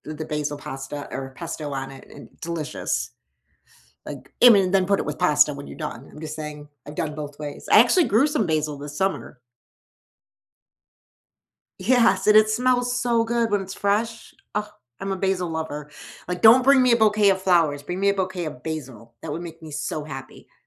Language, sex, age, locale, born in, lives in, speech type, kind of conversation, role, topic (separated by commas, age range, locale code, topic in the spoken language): English, male, 55-59, en-US, United States, United States, conversation, unstructured, none, How do different cultures influence the food we eat?